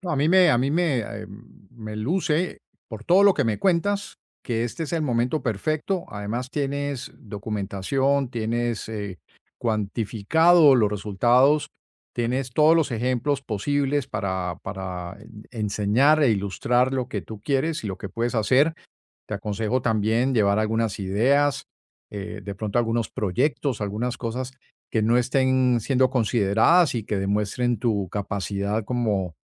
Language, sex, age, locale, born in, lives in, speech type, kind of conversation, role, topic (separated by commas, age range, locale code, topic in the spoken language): Spanish, male, 55-59, es-US, Colombia, United States, conversation, advice, advisor, ¿Por qué dudas antes de pedir un aumento o una promoción?